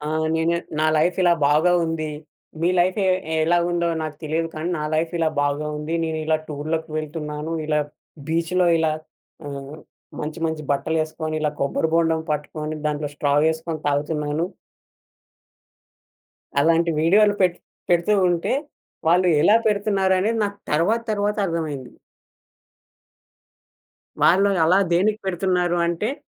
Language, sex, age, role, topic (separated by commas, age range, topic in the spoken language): Telugu, male, 20-24, guest, సోషల్ మీడియా నీ ఆత్మవిశ్వాసాన్ని ఎలా ప్రభావితం చేస్తుంది?